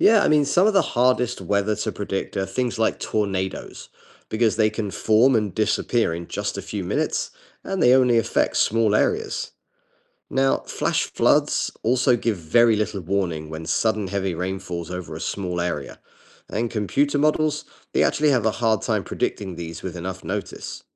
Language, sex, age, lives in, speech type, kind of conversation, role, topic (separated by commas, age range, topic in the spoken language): English, male, 40-44, United States, read, expert-assistant, expert, How do scientists predict the weather?